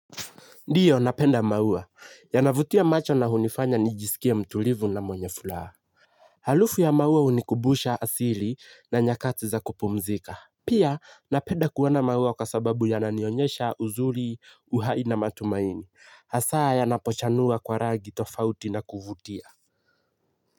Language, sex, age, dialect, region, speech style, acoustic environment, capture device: Swahili, male, 18-24, Kenya - Nairobi Sheng-influenced, Kenya, happy, silence, mobile / Linux / Chrome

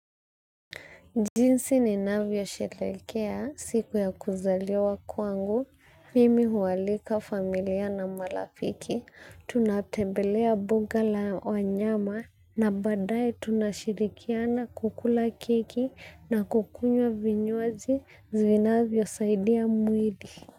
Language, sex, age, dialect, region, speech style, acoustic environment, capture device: Swahili, female, 25-34, Kenya - Nairobi Sheng-influenced, Kenya, focused, silence; people talking, mobile / Linux / Chrome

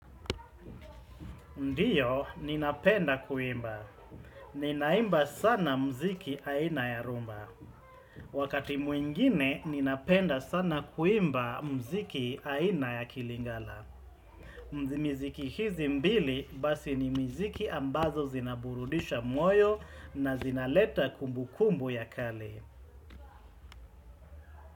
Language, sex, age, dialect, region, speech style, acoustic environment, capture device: Swahili, male, 35-44, Kenya - Mombasa, Kenya, happy, nature, desktop / Windows / Chrome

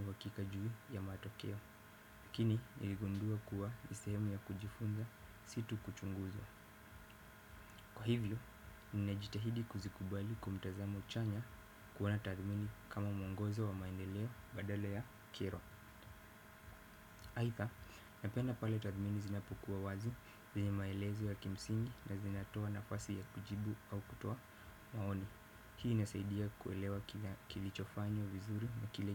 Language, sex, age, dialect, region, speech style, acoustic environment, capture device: Swahili, male, 18-24, Kenya - Mombasa, Kenya, focused, silence, desktop / Windows / Firefox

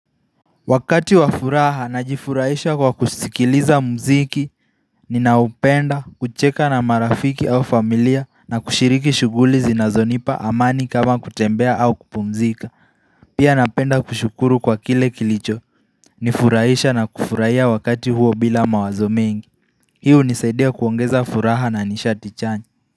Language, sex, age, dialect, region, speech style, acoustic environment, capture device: Swahili, male, 18-24, Kenya - Nairobi Sheng-influenced, Kenya, focused; relaxed, people talking; music; nature; silence; traffic; construction; appliances, mobile / Linux / Chrome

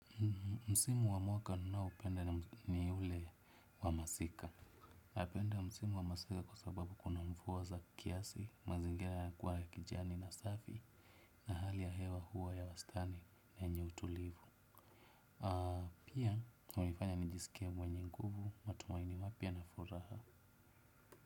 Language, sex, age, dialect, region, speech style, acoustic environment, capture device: Swahili, male, 25-34, Kenya - Nairobi Sheng-influenced, Kenya, relaxed; happy, silence, desktop / Windows / Chrome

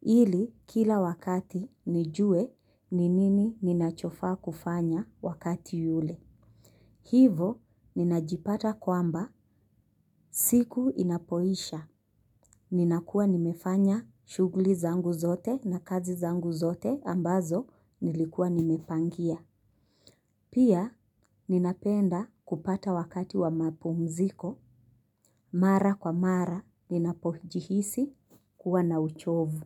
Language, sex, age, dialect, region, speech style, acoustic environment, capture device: Swahili, female, 25-34, Kenya - Mombasa, Kenya, relaxed; focused, nature, mobile / Linux / Chrome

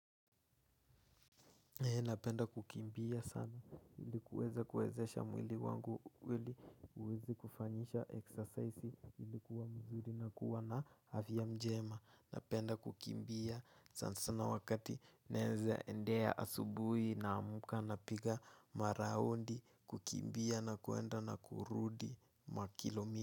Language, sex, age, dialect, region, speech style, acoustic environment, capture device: Swahili, male, 18-24, Kenya - Nairobi Sheng-influenced, Kenya, nervous; excited; focused; relaxed, nature; silence, mobile / Linux / Chrome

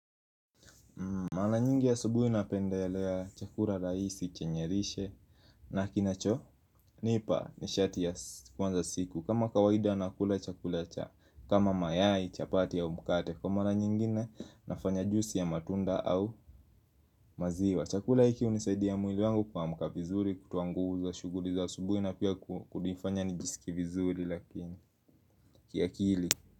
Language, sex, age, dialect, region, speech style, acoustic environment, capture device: Swahili, male, 25-34, Kenya - Nairobi Sheng-influenced, Kenya, happy; focused, music; silence, mobile / Linux / Chrome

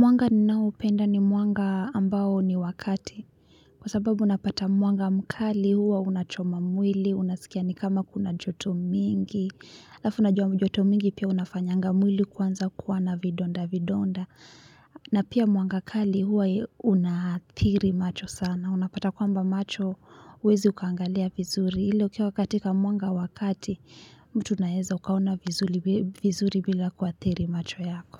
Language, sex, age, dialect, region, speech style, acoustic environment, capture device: Swahili, female, 25-34, Kenya - Nairobi Sheng-influenced, Kenya, relaxed; focused, music; people talking, mobile / Linux / Chrome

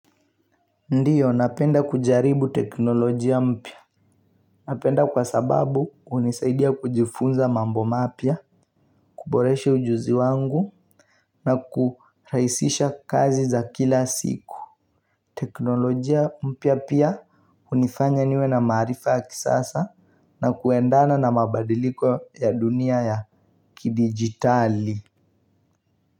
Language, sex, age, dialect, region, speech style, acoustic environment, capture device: Swahili, male, 18-24, Kenya - Mombasa, Kenya, relaxed, music, mobile / Linux / Chrome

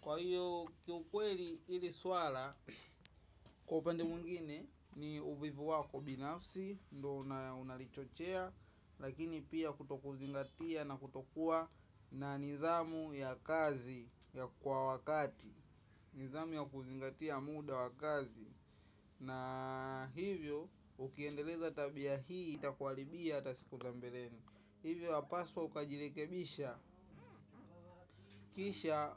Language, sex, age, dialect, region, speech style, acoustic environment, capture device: Swahili, male, 18-24, Tanzania - Dar es Salaam, Tanzania, excited; focused, traffic; people talking, mobile / macOS / Safari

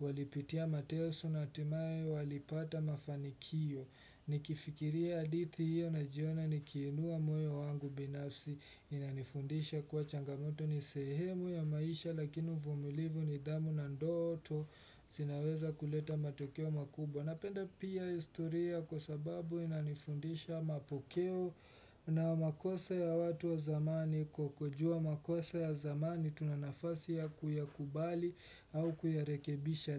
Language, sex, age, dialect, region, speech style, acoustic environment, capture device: Swahili, male, 18-24, Kenya - Mombasa, Kenya, relaxed; focused, silence, desktop / Windows / Chrome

